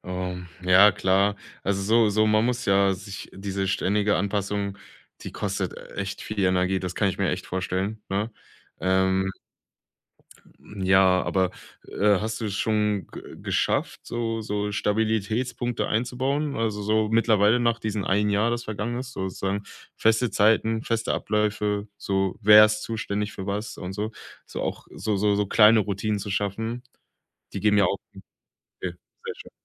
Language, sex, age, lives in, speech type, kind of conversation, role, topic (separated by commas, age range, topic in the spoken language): German, male, 25-29, Germany, conversation, advice, advisor, Wie kann ich besser mit den ständigen Veränderungen im Alltag durch neue Eltern- oder Pflegeaufgaben umgehen?